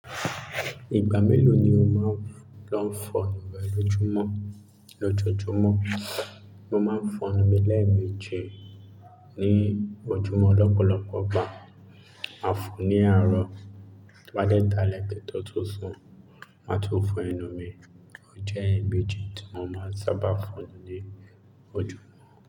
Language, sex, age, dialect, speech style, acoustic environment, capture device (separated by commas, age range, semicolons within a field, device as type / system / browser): Yoruba, male, 25-34, Nigeria - Lagos Yoruba, relaxed; focused; excited, silence, mobile / Linux / Chrome